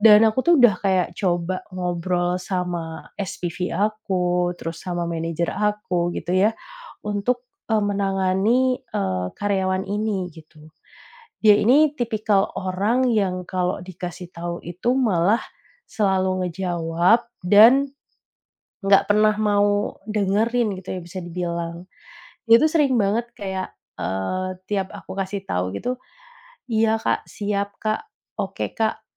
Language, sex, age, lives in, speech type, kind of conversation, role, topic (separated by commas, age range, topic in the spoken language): Indonesian, female, 30-34, Indonesia, conversation, advice, user, Kapan sebaiknya saya memecat atau mempertahankan karyawan yang bermasalah?